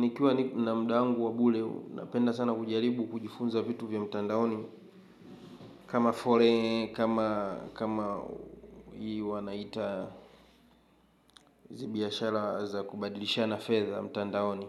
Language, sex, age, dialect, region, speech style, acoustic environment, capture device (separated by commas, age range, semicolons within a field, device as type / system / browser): Swahili, male, 25-34, Tanzania - Dar es Salaam, Tanzania, happy, traffic, mobile / Linux / Chrome